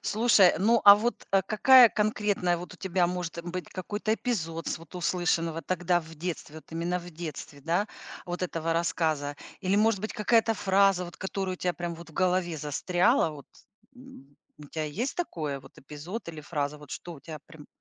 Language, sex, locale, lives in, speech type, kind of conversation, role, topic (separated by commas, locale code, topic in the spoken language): Russian, female, ru-RU, United States, conversation, podcast, host, Какие книги вы зачитывали до дыр в детстве?